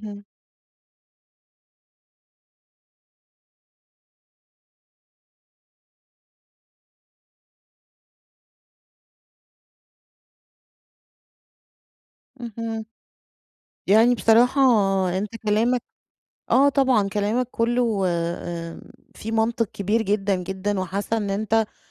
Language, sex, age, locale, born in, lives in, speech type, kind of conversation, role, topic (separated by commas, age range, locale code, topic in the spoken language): Arabic, female, 35-39, ar-EG, Egypt, Egypt, conversation, advice, user, إزاي أجهّز خطة لعطلة ممتعة ومريحة بميزانية محدودة؟